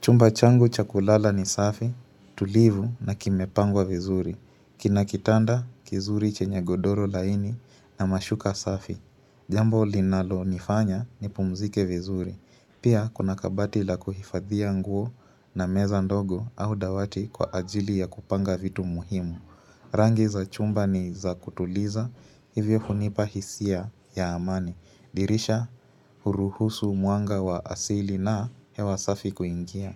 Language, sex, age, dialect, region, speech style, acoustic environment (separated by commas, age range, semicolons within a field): Swahili, female, 25-34, Kenya - Mombasa, Kenya, anxious, appliances; people talking